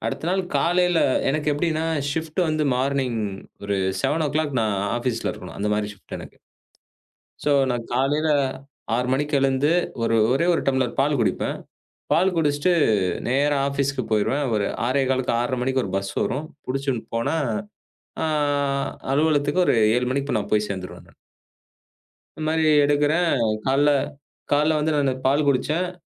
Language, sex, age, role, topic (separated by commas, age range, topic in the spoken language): Tamil, male, 35-39, guest, உணவு சாப்பிட்ட பிறகு உடல்நிலை சரியில்லாமல் போன அனுபவம் உங்களுக்கு இருக்கிறதா?